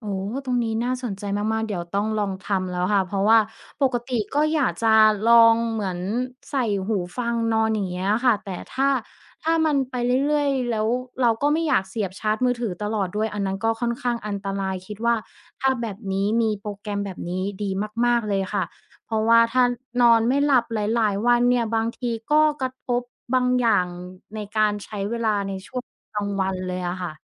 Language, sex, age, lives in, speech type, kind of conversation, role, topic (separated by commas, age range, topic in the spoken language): Thai, female, 30-34, Thailand, conversation, advice, user, ทำไมฉันถึงนอนไม่หลับหลายคืนติดต่อกัน และควรทำอย่างไรดี?